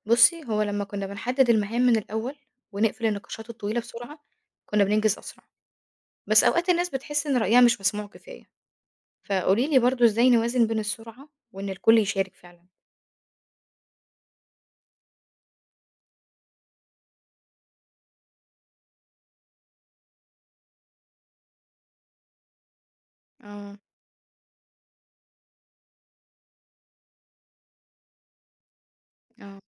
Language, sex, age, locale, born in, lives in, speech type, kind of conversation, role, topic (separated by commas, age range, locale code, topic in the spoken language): Arabic, female, 25-29, ar-EG, Egypt, Egypt, read, expert-assistant, asker, لما يكون عندي فريق في شغل إدارة حكومية وكل واحد عنده رأي مختلف، أتعامل إزاي مع الخلاف ده؟